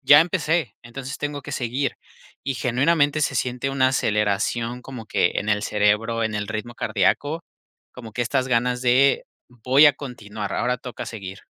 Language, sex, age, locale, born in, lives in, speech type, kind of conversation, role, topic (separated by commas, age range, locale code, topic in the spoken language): Spanish, male, 25-29, es-MX, Mexico, Mexico, conversation, podcast, guest, ¿Cómo mantienes la motivación en los días difíciles?